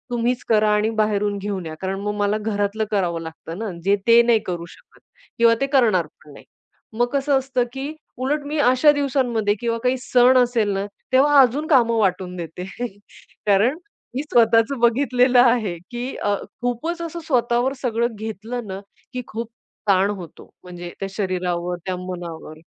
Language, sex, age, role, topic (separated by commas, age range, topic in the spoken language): Marathi, female, 40-44, guest, तणावात कुटुंबाला सांभाळताना स्वतःसाठी वेळ कसा काढता?